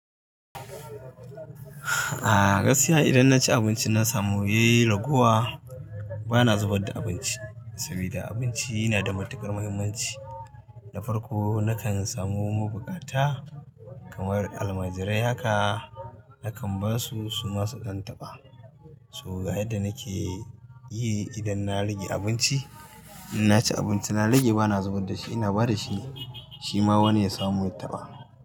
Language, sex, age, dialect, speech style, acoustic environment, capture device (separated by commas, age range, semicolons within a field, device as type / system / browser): Hausa, male, 35-44, Nigeria - Katsina, happy, nature, mobile / Linux / Chrome